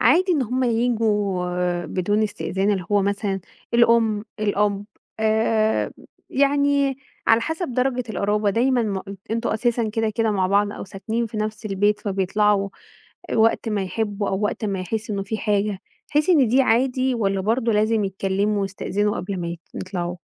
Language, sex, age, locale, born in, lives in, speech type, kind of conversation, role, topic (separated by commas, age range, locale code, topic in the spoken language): Arabic, female, 35-39, ar-EG, Egypt, Egypt, conversation, podcast, host, بتعملوا إيه لما ييجي لكم ضيف فجأة؟